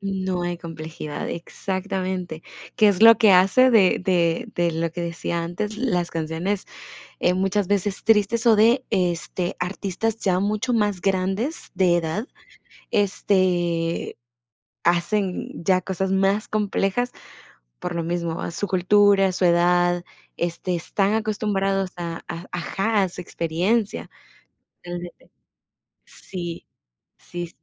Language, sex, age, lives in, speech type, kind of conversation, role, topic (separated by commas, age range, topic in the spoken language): Spanish, female, 18-19, United States, conversation, unstructured, none, ¿Cómo crees que la música influye en nuestro estado de ánimo?